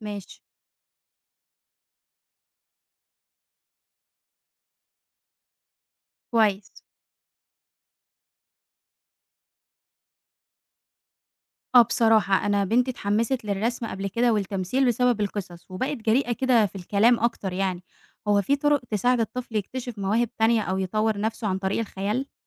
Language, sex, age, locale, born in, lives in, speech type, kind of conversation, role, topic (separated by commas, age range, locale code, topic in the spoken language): Arabic, female, 20-24, ar-EG, Egypt, Egypt, read, expert-assistant, asker, ليه الناس بتحب تقرأ أو تكتب قصص عن أبطال خارقين أو عوالم خيالية، رغم إنها مش واقعية؟